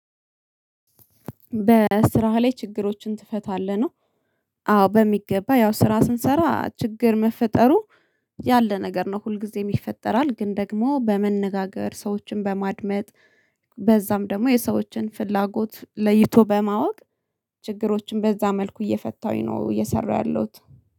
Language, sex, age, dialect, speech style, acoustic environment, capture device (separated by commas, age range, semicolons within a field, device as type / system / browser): Amharic, female, 25-34, Ethiopia - Addis Ababa, happy; relaxed; excited; focused, silence, mobile / Linux / Chrome